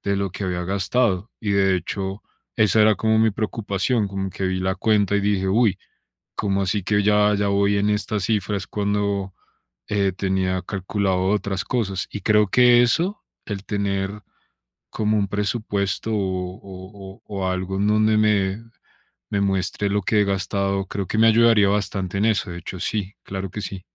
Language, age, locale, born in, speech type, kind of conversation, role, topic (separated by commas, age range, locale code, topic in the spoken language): Spanish, 30-34, es-CO, Colombia, conversation, advice, user, ¿Cómo puedo priorizar mis metas financieras por encima de mis gastos?